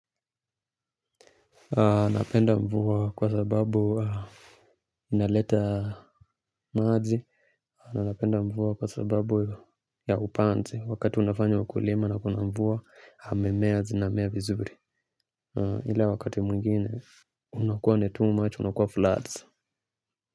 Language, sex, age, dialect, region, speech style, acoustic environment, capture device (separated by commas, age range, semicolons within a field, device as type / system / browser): Swahili, male, 25-34, Kenya - Nairobi Sheng-influenced, Kenya, happy, silence, mobile / Linux / Chrome